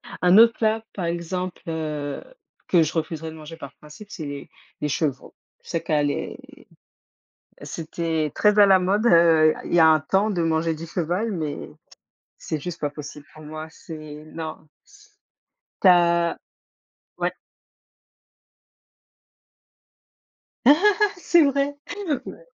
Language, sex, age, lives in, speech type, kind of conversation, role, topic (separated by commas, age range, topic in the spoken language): French, female, 35-39, Spain, conversation, unstructured, none, As-tu déjà refusé de goûter un plat par principe ?